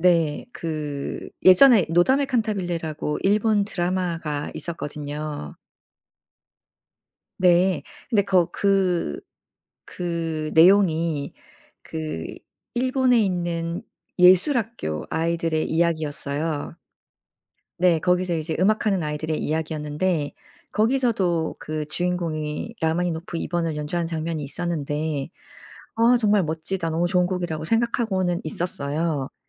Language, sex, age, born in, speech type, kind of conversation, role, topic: Korean, female, 45-49, South Korea, conversation, podcast, guest, 영화나 드라마에서 특히 인상 깊게 남은 OST가 있나요?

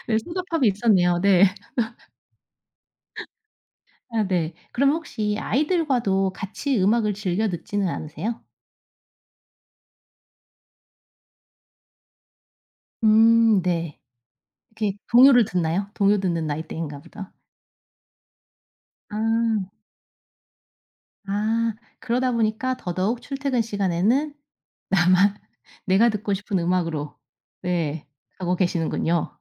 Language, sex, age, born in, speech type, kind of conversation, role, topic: Korean, female, 35-39, South Korea, conversation, podcast, host, 요즘 자주 듣는 노래가 있나요?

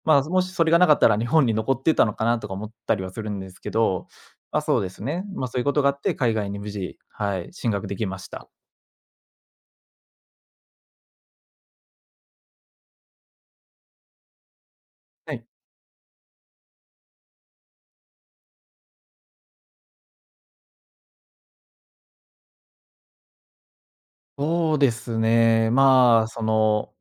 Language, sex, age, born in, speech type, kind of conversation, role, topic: Japanese, male, 25-29, Japan, conversation, podcast, guest, 進学するか就職するかを選ぶとき、あなたは何を基準に考えましたか？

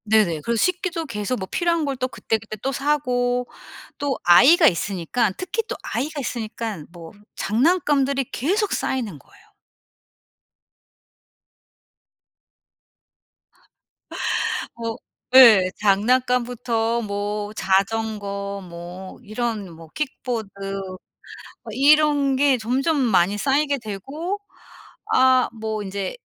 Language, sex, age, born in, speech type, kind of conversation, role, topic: Korean, female, 45-49, South Korea, conversation, advice, user, 집이 계속 어수선한데 물건을 버리기 어려운 이유는 무엇인가요?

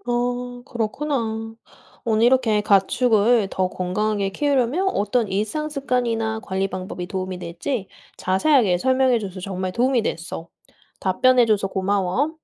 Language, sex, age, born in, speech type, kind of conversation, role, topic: Korean, female, 30-34, South Korea, read, expert-assistant, asker, 가축을 더 건강하게 키우려면 어떤 일상 습관이나 관리 방법이 도움이 될까요?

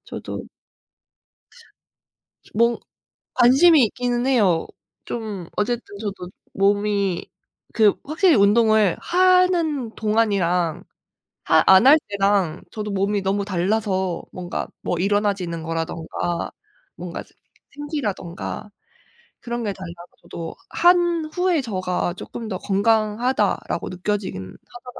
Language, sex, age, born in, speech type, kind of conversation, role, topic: Korean, female, 25-29, South Korea, conversation, unstructured, none, 운동을 꾸준히 하면서 생긴 가장 큰 변화는 무엇인가요?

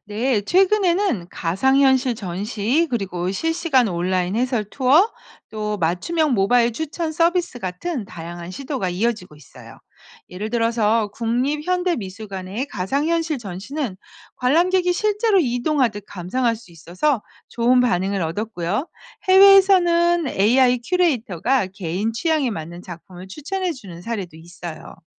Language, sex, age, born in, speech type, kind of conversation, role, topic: Korean, female, 50-54, South Korea, read, expert-assistant, expert, 온라인과 오프라인 미술 감상, 어떤 차이가 있을까요?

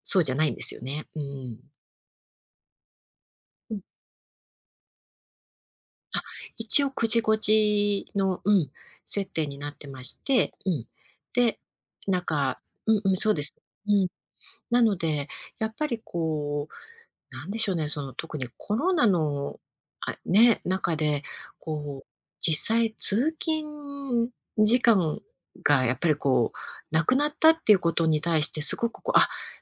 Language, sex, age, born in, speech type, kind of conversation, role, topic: Japanese, female, 50-54, Japan, conversation, advice, user, フレックスタイムや在宅勤務をお願いするには、どのように伝えればよいですか？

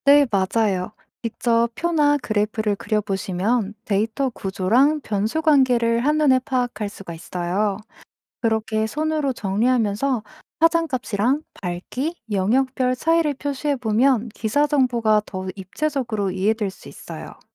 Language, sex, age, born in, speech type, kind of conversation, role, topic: Korean, female, 25-29, South Korea, read, expert-assistant, expert, 천체물리학에 대해 궁금한 게 있을 때, 믿을 만한 정보를 쉽고 똑똑하게 찾으려면 어떤 방법이 좋을까요?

